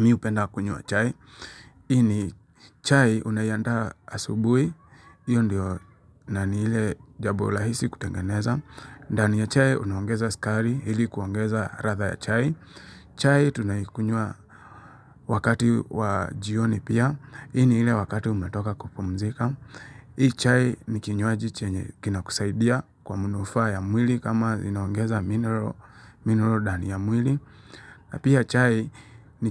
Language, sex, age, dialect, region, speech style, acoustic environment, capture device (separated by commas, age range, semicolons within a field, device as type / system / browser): Swahili, male, 25-34, Kenya - Nairobi Sheng-influenced, Kenya, relaxed, silence, mobile / Linux / Chrome